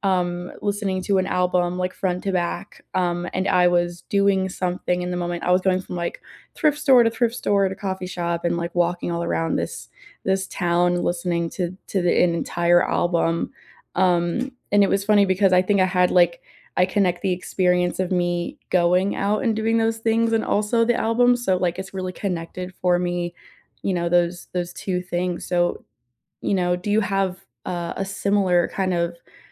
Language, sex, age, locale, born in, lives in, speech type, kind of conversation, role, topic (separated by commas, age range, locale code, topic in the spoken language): English, female, 20-24, en-US, United States, United States, conversation, unstructured, none, What helps you unwind after a busy day, and how can we relax together?